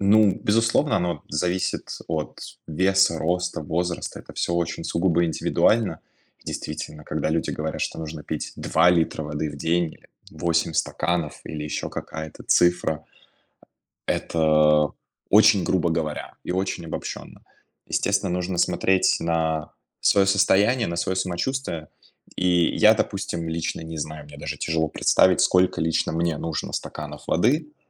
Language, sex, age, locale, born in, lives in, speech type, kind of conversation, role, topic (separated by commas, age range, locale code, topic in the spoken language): Russian, male, 20-24, ru-RU, Russia, Germany, conversation, advice, advisor, Почему я не могу регулярно пить воду и постоянно забываю считать выпитые стаканы?